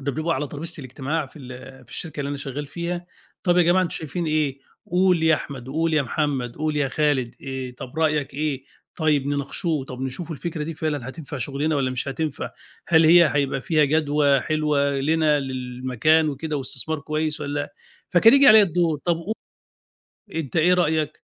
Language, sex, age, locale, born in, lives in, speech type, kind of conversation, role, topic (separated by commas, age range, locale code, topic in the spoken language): Arabic, male, 50-54, ar-EG, Egypt, Egypt, conversation, podcast, guest, إيه نصيحتك لواحد خايف يبدأ يعبّر عن نفسه؟